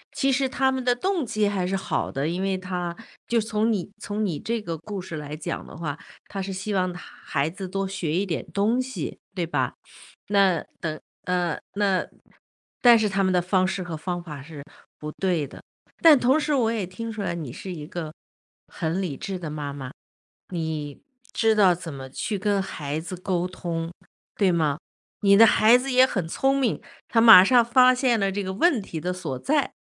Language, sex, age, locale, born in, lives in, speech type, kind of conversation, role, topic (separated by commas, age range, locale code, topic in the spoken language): Chinese, female, 55-59, zh-CN, China, United States, conversation, podcast, host, 家里老人插手孩子教育时，怎么才能和平处理？